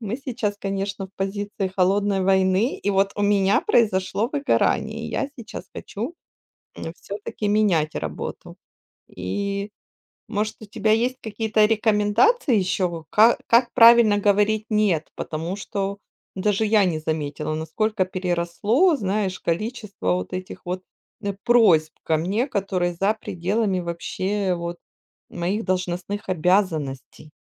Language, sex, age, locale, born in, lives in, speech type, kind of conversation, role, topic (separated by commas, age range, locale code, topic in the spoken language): Russian, female, 40-44, ru-RU, Ukraine, Spain, conversation, podcast, host, Что помогает вам сказать «нет» рабочим просьбам?